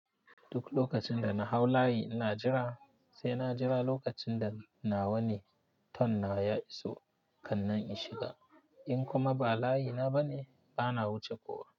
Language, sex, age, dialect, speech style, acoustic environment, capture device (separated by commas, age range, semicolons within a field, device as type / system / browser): Hausa, male, 25-34, Nigeria - Nigerian Standard, happy, silence, mobile / Linux / Chrome